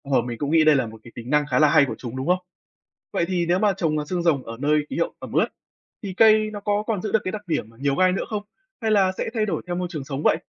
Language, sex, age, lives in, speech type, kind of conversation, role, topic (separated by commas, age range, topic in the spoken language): Vietnamese, male, 20-24, Vietnam, read, expert-assistant, asker, Vì sao cây xương rồng có gai thay vì lá?